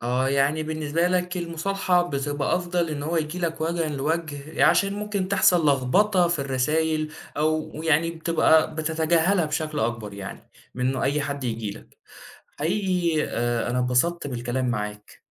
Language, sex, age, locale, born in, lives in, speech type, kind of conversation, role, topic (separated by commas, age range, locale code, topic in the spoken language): Arabic, male, 20-24, ar-EG, Saudi Arabia, Egypt, conversation, podcast, host, إمتى تسعى للمصالحة وإمتى تبقى المسافة أحسن؟